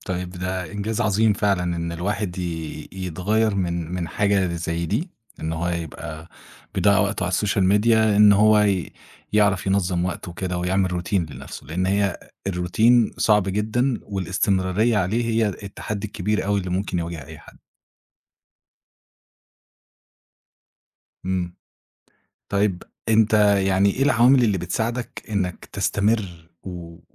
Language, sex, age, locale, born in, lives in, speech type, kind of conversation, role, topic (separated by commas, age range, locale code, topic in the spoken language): Arabic, male, 25-29, ar-EG, Egypt, Egypt, conversation, podcast, host, إزاي بتدير وقتك قدام الشاشة كل يوم؟